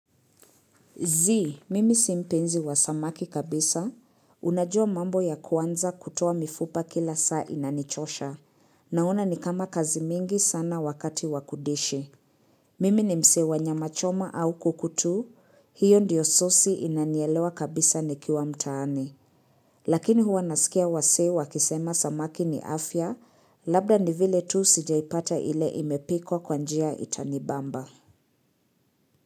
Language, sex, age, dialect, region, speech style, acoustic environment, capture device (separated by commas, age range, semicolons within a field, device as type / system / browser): Swahili, female, 25-34, Kenya - Nairobi Sheng-influenced, Kenya, relaxed, silence, mobile / Linux / Chrome